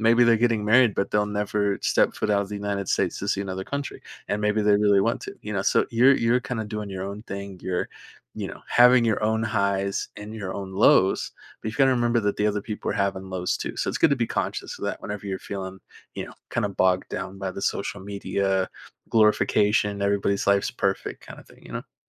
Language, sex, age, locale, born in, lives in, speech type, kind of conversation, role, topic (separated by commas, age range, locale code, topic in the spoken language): English, male, 20-24, en-US, United States, United States, conversation, advice, advisor, How can I get unstuck from my goals?